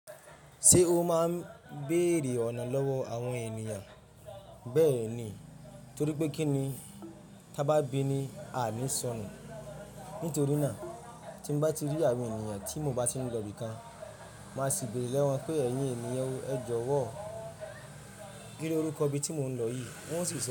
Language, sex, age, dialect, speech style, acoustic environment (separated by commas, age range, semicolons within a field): Yoruba, male, 25-34, Nigeria - Ibadan, relaxed, traffic; silence